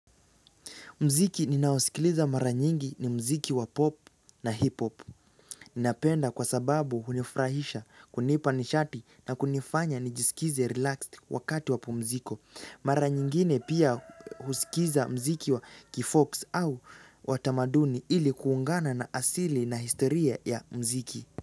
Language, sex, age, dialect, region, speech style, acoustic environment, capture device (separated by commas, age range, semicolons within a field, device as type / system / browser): Swahili, male, 18-24, Kenya - Nairobi Sheng-influenced, Kenya, focused; relaxed; excited, nature; silence, mobile / Linux / Chrome